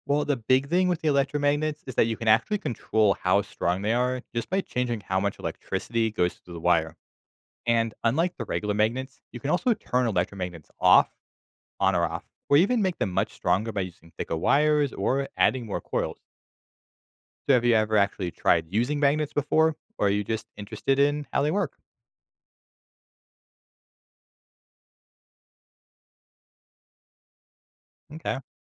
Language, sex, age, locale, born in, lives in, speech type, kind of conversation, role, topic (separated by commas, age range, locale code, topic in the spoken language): English, male, 25-29, en-US, United States, United States, read, expert-assistant, expert, How do magnets and magnetic fields work?